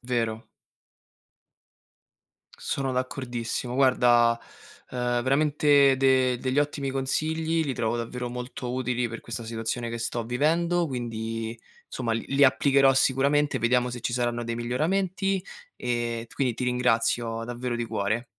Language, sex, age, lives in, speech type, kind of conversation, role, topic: Italian, male, 25-29, Italy, conversation, advice, user, In che modo troppo tempo davanti agli schermi sta rovinando la tua routine quotidiana?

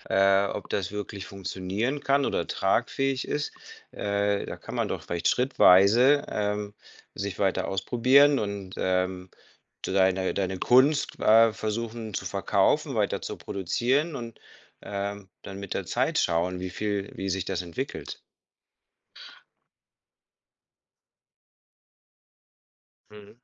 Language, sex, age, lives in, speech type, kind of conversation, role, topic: German, male, 50-54, Spain, conversation, advice, advisor, Wie gehst du mit der Angst um, dass deine eigenen Ideen nicht gut genug sind?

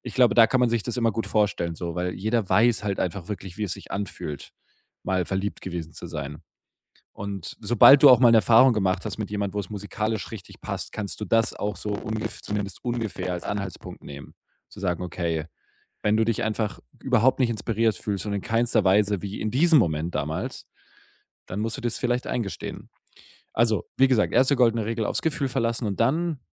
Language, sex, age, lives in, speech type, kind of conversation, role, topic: German, male, 25-29, Germany, conversation, podcast, guest, Wie findest du passende Leute für gemeinsame Projekte?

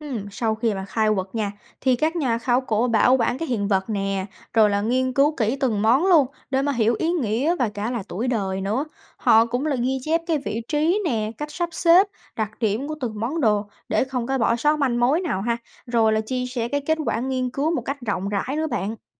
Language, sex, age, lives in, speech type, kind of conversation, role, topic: Vietnamese, female, 20-24, United States, read, expert-assistant, expert, Các nhà khảo cổ học sử dụng hiện vật cổ như thế nào để hiểu về các nền văn hóa xưa?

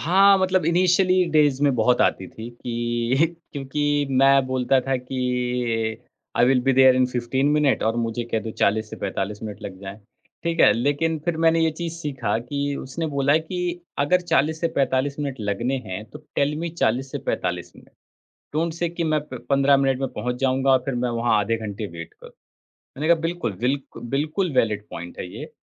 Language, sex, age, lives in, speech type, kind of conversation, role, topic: Hindi, male, 30-34, India, conversation, podcast, guest, Jab saamne wala defensive ho jaaye, toh tum apni baat kaise rakhte ho?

